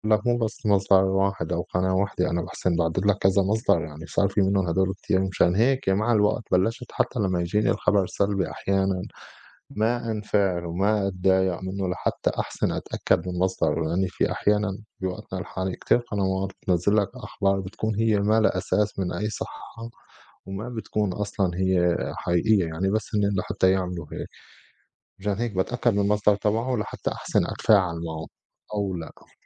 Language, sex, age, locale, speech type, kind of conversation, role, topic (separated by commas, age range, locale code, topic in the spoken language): Arabic, male, 35-39, ar-SY, conversation, unstructured, none, إزاي بتتعامل مع الأخبار السلبية اللي بتقلقك؟